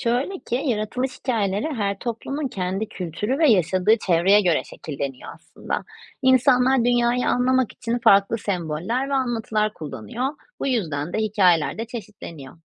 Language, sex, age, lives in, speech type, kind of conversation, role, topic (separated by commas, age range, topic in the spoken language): Turkish, female, 30-34, Netherlands, read, expert-assistant, expert, Farklı dinlerde yaratılış hikâyesi neden farklı anlatılıyor, asıl hikâye hangisi olabilir?